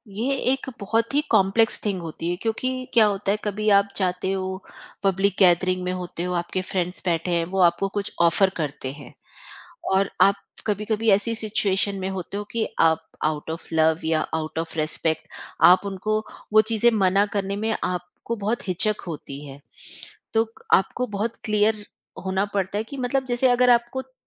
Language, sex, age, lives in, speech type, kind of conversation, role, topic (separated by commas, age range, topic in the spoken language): Hindi, female, 45-49, India, conversation, podcast, guest, Allergies aur khane ki pabandiyan aap kaise sambhalte hain?